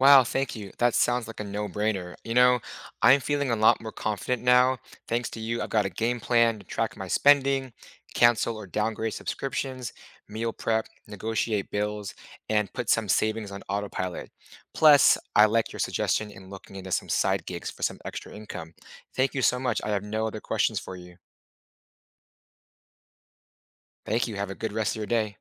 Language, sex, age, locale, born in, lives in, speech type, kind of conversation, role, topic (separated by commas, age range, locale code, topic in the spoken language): English, male, 30-34, en-US, United States, United States, conversation, advice, user, How can I start building an emergency fund when my monthly budget is tight?